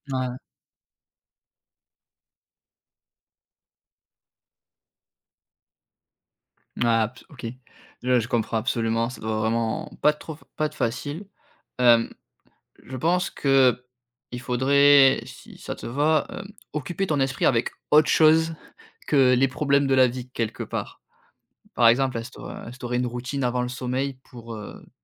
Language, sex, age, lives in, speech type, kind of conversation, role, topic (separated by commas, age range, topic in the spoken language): French, male, 30-34, France, conversation, advice, advisor, Comment décririez-vous votre insomnie liée au stress ?